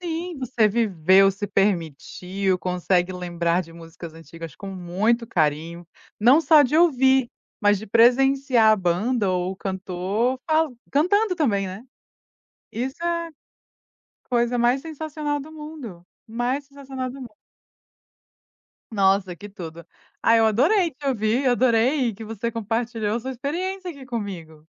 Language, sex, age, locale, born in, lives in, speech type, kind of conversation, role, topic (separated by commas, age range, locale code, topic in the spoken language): Portuguese, female, 30-34, pt-BR, Brazil, United States, conversation, podcast, host, O que normalmente te faz revisitar músicas antigas?